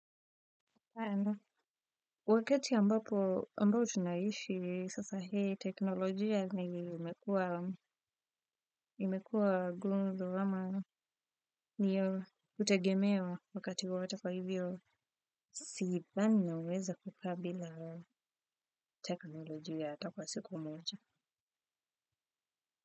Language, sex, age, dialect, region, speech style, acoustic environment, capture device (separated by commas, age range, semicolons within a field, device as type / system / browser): Swahili, female, 25-34, Kenya - Nairobi Sheng-influenced, Kenya, relaxed, silence, desktop / Windows / Firefox